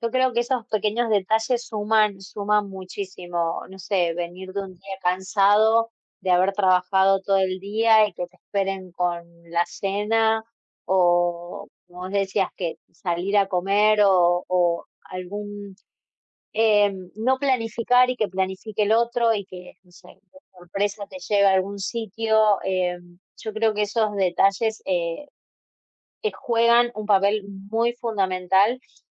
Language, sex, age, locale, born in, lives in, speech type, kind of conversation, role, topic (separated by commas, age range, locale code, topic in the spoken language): Spanish, female, 30-34, es-AR, Argentina, Netherlands, conversation, unstructured, none, ¿Cómo puedes mantener viva la chispa con el paso del tiempo?